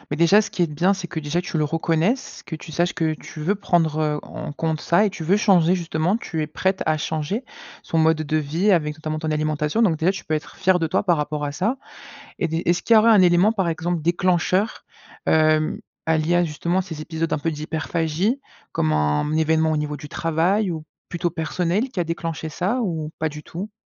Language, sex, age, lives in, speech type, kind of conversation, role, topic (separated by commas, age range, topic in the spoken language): French, male, 20-24, France, conversation, advice, advisor, Comment décririez-vous vos envies intenses de malbouffe en période de stress ?